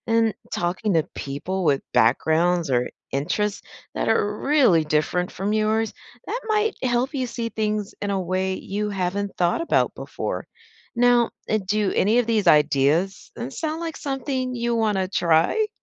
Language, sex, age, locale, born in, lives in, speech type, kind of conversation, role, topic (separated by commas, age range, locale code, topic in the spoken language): English, female, 50-54, en-US, United States, United States, read, expert-assistant, expert, Why is it important to stay curious and keep asking questions?